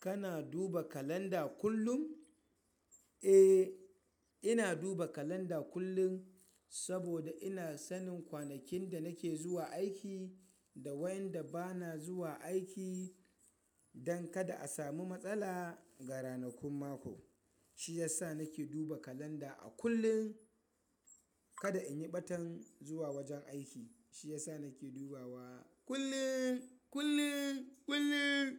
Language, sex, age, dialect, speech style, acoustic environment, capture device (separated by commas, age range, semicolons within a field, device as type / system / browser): Hausa, male, 25-34, Nigeria - Kano, happy; excited, people talking; nature, mobile / Linux / Chrome